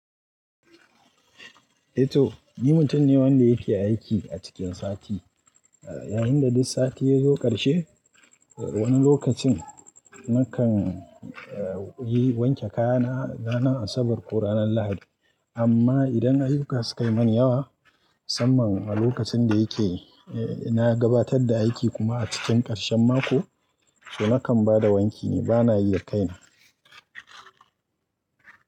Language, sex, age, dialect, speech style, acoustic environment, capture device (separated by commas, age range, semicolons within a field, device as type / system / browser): Hausa, male, 25-34, Nigeria - Kano, relaxed; happy, people talking; nature, mobile / Linux / Chrome